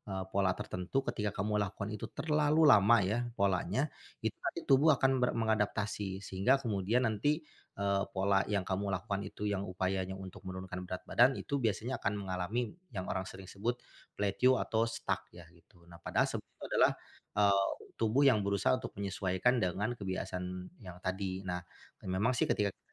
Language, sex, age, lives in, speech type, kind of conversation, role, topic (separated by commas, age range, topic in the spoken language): Indonesian, male, 40-44, Indonesia, conversation, advice, advisor, Bagaimana cara menurunkan berat badan secara bertahap tanpa perubahan yang terlalu drastis?